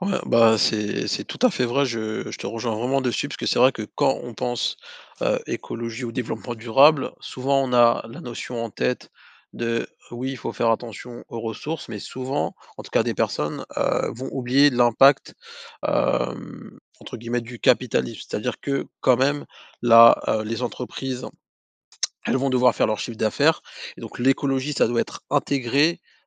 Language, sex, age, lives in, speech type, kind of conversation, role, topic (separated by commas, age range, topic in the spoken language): French, male, 30-34, France, conversation, podcast, host, Comment choisir entre le prix et la durabilité ?